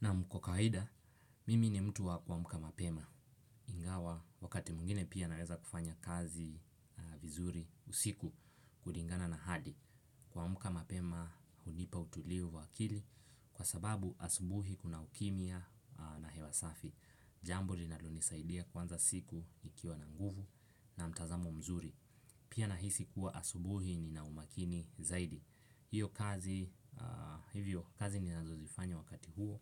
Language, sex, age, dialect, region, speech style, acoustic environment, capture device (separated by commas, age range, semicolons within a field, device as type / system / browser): Swahili, male, 18-24, Kenya - Mombasa, Kenya, excited; focused, nature, desktop / Windows / Chrome